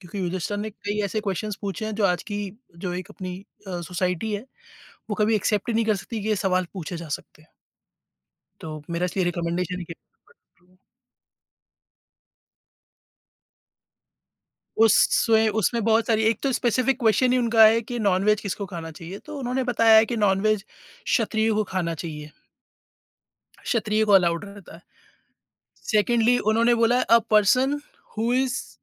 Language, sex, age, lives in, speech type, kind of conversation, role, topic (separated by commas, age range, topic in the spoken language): Hindi, male, 30-34, India, conversation, podcast, host, Kisi ki dietary preference kaise manage karte ho?